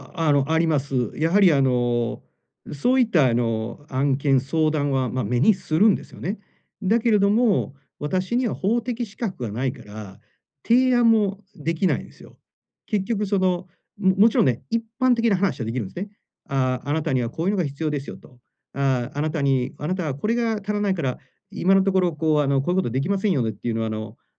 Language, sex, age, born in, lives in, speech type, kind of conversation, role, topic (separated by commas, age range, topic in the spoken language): Japanese, male, 45-49, Japan, Japan, conversation, advice, user, 習慣を継続するための責任体制をどう作ればよいですか？